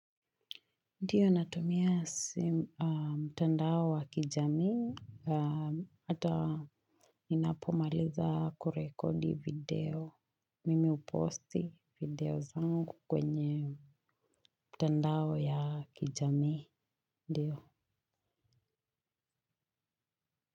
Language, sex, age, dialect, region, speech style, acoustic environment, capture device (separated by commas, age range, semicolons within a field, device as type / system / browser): Swahili, female, 25-34, Kenya - Nairobi Sheng-influenced, Kenya, relaxed, silence, mobile / Linux / Chrome